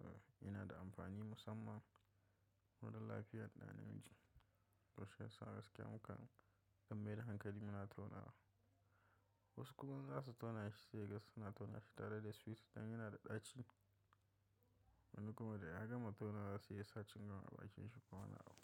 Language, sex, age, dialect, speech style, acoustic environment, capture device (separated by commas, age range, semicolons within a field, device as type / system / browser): Hausa, male, 25-34, Nigeria - Kano, relaxed, silence, mobile / macOS / Safari